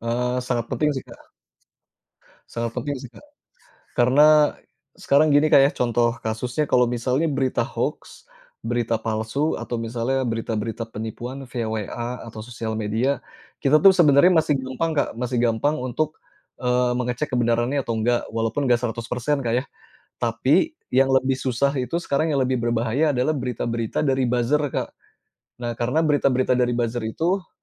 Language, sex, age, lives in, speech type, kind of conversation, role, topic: Indonesian, male, 35-39, Indonesia, conversation, podcast, guest, Bagaimana kamu menilai berita yang bertebaran di internet?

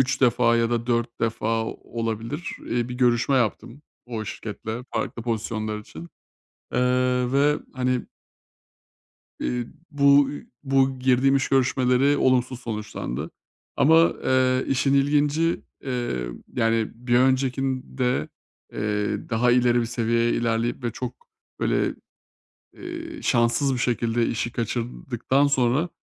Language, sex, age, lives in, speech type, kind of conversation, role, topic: Turkish, male, 30-34, Bulgaria, conversation, advice, user, Reddedilme ve kabul edilmemeyle nasıl başa çıkabilirim?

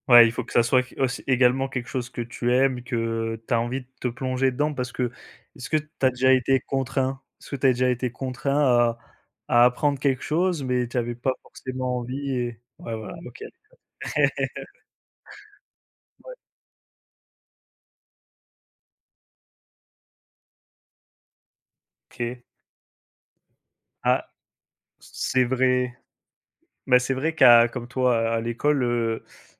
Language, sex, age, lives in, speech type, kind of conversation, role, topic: French, male, 25-29, France, conversation, unstructured, none, Qu’est-ce qui te donne envie d’apprendre chaque jour ?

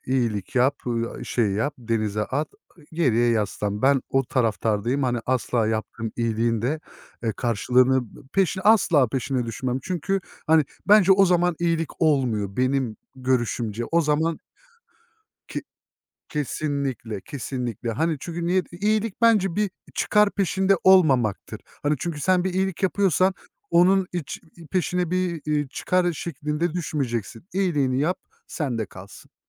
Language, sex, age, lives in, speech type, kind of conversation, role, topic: Turkish, male, 30-34, Germany, conversation, unstructured, none, İyilik yapmak seni nasıl mutlu ediyor?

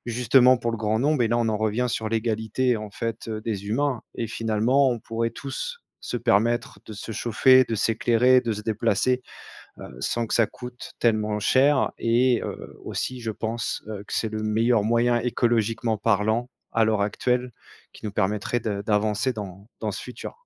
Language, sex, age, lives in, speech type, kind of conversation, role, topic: French, male, 35-39, France, conversation, unstructured, none, As-tu un souvenir heureux vécu en pleine nature ?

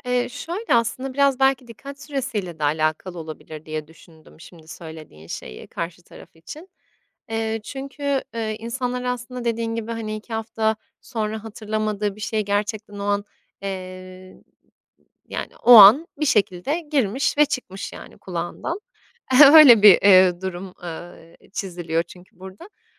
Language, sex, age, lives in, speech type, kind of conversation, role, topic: Turkish, female, 25-29, Italy, conversation, podcast, guest, Dinlemek güveni nasıl artırır?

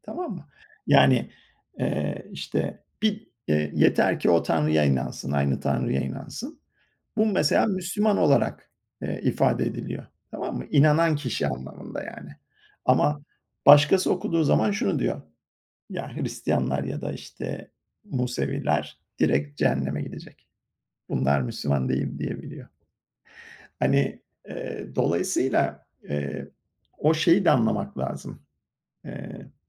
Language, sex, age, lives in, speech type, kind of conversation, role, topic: Turkish, male, 50-54, United States, conversation, unstructured, none, Din, insanları neden bazen ikiye böler?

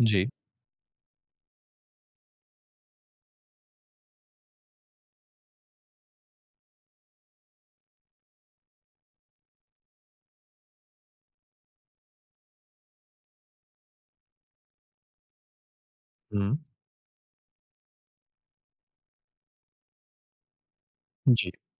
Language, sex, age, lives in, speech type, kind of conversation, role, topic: Hindi, male, 30-34, India, conversation, advice, advisor, चिंता कम करने के लिए मैं नियंत्रण पर ध्यान कैसे केंद्रित कर सकता/सकती हूँ?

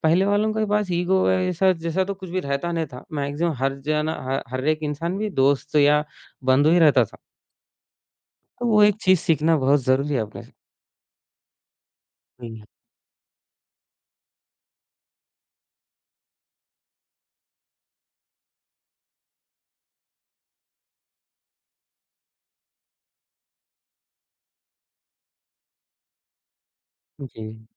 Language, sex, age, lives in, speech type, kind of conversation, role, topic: Hindi, male, 20-24, India, conversation, podcast, guest, Pichhli generation ke values aaj tumhari zindagi mein kaise nazar aate hain?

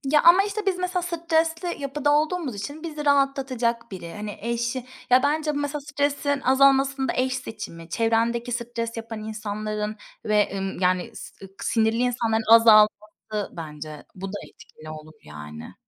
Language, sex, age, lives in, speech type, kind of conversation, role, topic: Turkish, female, 30-34, Spain, conversation, unstructured, none, Stresle başa çıkmanın en etkili yolu nedir?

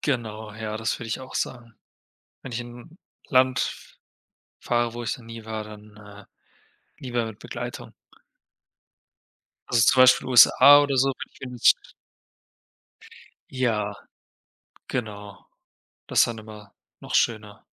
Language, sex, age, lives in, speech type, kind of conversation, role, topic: German, male, 25-29, Germany, conversation, unstructured, none, Wohin würdest du am liebsten einmal reisen, und warum?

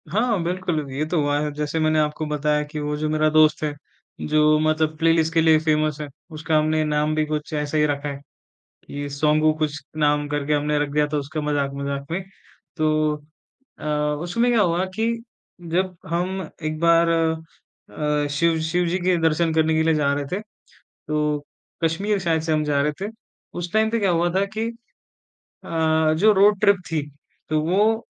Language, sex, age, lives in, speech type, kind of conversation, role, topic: Hindi, male, 20-24, India, conversation, podcast, guest, Roadtrip ke liye playlist curate karne ka tumhara process kya hota hai?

